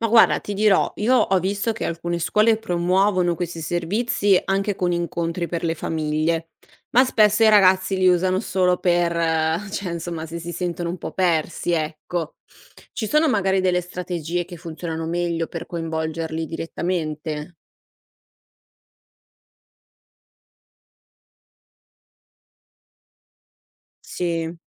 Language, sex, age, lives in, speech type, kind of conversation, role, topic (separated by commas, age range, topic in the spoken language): Italian, female, 60-64, Italy, read, expert-assistant, asker, Per preparare i ragazzi al mondo del lavoro, è più utile un curriculum pratico o uno teorico?